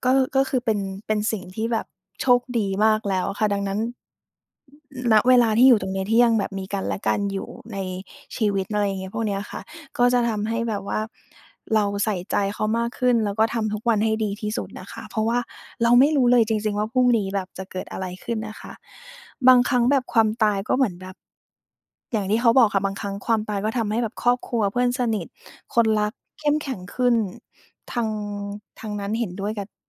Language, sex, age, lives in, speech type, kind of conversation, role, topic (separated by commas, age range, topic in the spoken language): Thai, female, 30-34, Thailand, conversation, unstructured, none, คุณคิดว่าความตายเปลี่ยนแปลงความสัมพันธ์ของเราอย่างไรบ้าง?